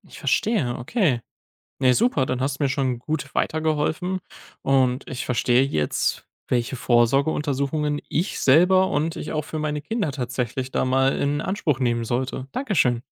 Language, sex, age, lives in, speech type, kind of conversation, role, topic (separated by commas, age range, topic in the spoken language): German, male, 20-24, Germany, read, expert-assistant, asker, Welche Vorsorgeuntersuchungen und Arzttermine sollte man für sich und die Familie unbedingt wahrnehmen?